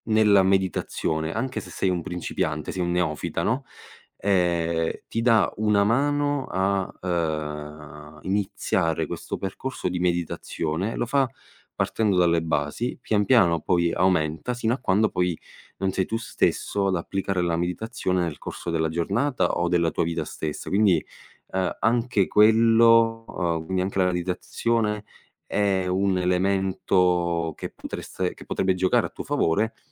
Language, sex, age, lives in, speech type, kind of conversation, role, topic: Italian, male, 25-29, Italy, conversation, advice, advisor, Come posso chiarire il mio percorso di carriera e prendere decisioni professionali più consapevoli?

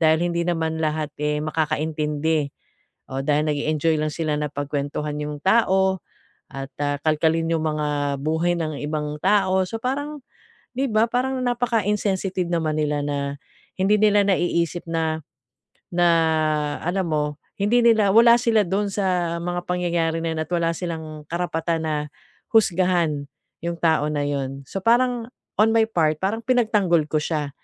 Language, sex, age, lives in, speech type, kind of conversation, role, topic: Filipino, female, 45-49, Philippines, conversation, advice, user, Paano ko maihihiwalay ang sarili kong halaga sa kritisismong natatanggap ko?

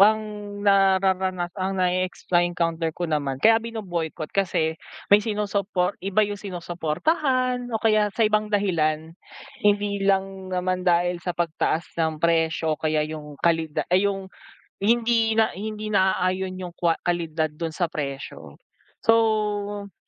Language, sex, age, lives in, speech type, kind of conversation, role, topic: Filipino, male, 25-29, Philippines, conversation, unstructured, none, Ano ang reaksyon mo sa mga korporasyong nagpapataw ng mataas na presyo?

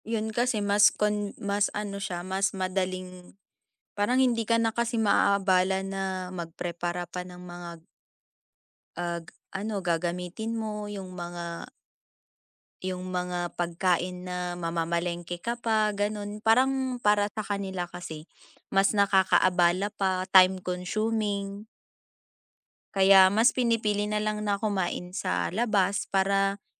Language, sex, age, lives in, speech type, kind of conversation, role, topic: Filipino, female, 20-24, Philippines, conversation, unstructured, none, Ano ang opinyon mo sa pagkain sa bahay kumpara sa pagkain sa labas?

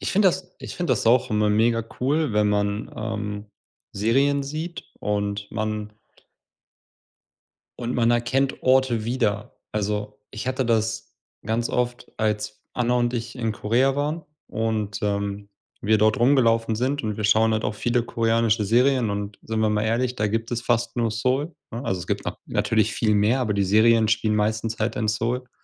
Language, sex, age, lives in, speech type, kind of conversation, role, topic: German, male, 30-34, Germany, conversation, unstructured, none, Welche Musik weckt bei dir sofort Erinnerungen?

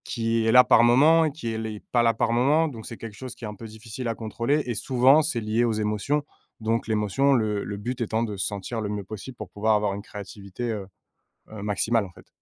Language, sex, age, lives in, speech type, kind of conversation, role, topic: French, male, 30-34, France, conversation, podcast, guest, Quel est ton rituel avant de commencer une session créative ?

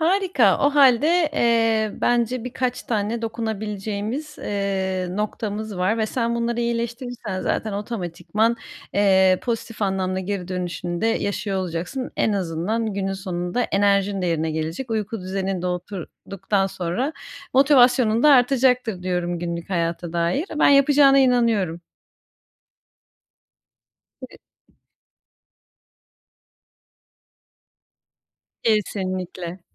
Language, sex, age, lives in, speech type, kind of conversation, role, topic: Turkish, female, 30-34, Germany, conversation, advice, advisor, Düzenli bir uyku saati ve yatmadan önce rahatlatıcı bir ritüel nasıl oluşturabilirim?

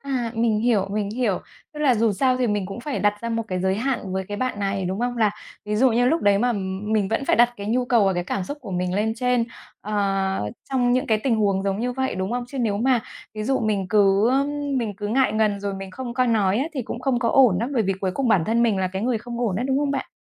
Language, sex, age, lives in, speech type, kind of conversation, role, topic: Vietnamese, female, 35-39, Vietnam, conversation, advice, user, Làm thế nào để xử lý khi một người bạn lợi dụng thời gian và cảm xúc của tôi?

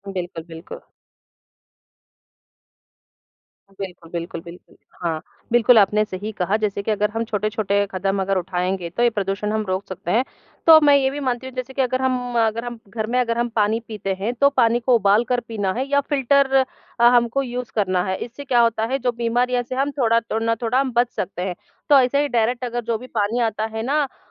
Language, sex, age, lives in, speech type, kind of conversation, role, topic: Hindi, female, 40-44, India, conversation, unstructured, none, क्या जल प्रदूषण हमारे स्वास्थ्य को प्रभावित करता है?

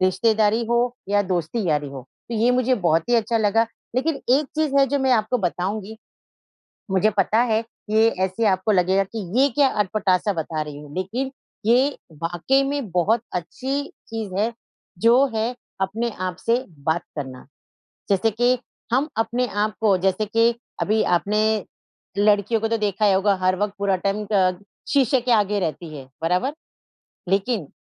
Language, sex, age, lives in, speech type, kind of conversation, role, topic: Hindi, female, 50-54, India, conversation, advice, advisor, परिवार के साथ रहते हुए रिश्तों में तनाव संभालना और अपनी सीमाएँ तय करना मेरे लिए इतना मुश्किल क्यों हो रहा है?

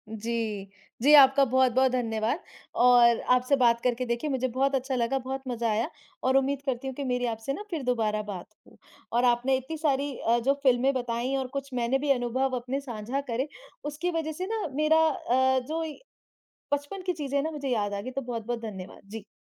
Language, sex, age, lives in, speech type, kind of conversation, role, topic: Hindi, male, 30-34, India, conversation, unstructured, none, आपके बचपन की कौन-सी फिल्म आपको सबसे ज्यादा यादगार लगती है?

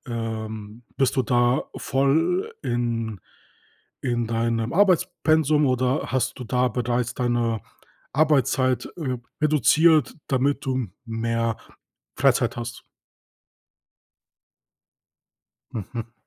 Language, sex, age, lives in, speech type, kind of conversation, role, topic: German, male, 30-34, Germany, conversation, podcast, host, Wie findest du die Balance zwischen Arbeit und Freizeit?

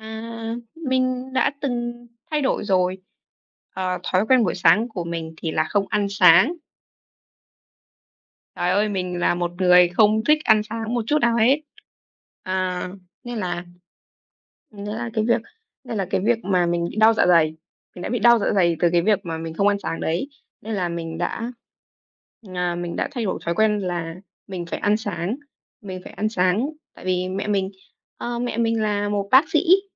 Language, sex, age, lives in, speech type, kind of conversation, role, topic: Vietnamese, female, 18-19, Vietnam, conversation, unstructured, none, Bạn thường bắt đầu ngày mới với thói quen gì?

